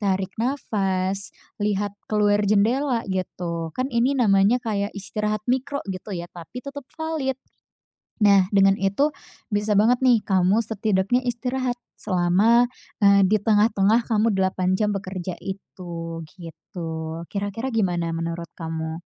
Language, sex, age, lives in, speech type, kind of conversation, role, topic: Indonesian, female, 20-24, Indonesia, conversation, advice, advisor, Bagaimana cara beristirahat di tengah pekerjaan tanpa merasa bersalah?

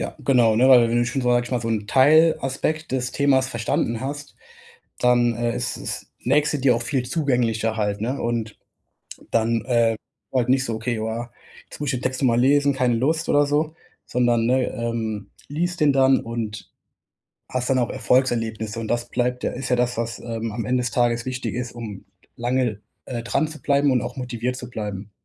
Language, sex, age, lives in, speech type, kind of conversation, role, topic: German, male, 25-29, Germany, conversation, advice, advisor, Wie bleibe ich motiviert, mich regelmäßig zu bewegen?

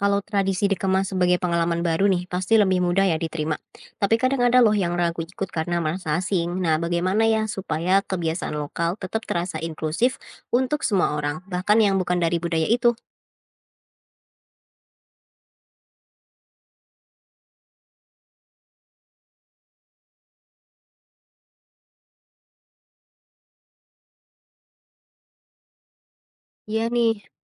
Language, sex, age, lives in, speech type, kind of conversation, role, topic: Indonesian, female, 20-24, Indonesia, read, expert-assistant, asker, Bagaimana cara menyeimbangkan kebiasaan lokal dengan gaya hidup modern dari luar negeri di lingkungan sehari-hari?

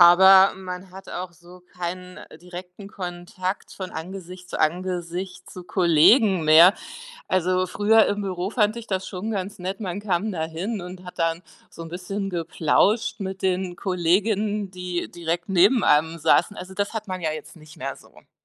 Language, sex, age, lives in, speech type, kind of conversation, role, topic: German, female, 45-49, Germany, conversation, podcast, guest, Wie sorgst du trotz Technik für echte Verbindungen zu Menschen?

